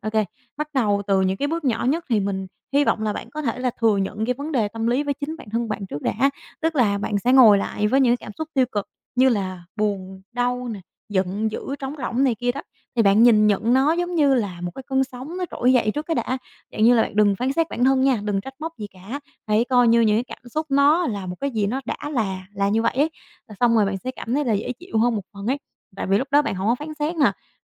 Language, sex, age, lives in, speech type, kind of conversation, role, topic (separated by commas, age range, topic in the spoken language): Vietnamese, female, 25-29, Vietnam, conversation, advice, advisor, Vì sao bạn không dám thừa nhận mình đang gặp vấn đề tâm lý vì sợ bị định kiến?